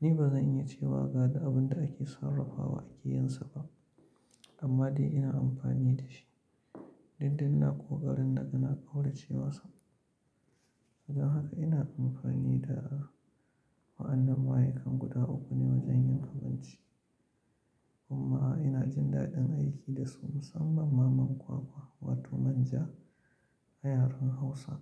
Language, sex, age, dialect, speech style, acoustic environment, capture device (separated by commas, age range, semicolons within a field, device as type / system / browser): Hausa, male, 35-44, Nigeria - Kano, relaxed, silence, mobile / Linux / Chrome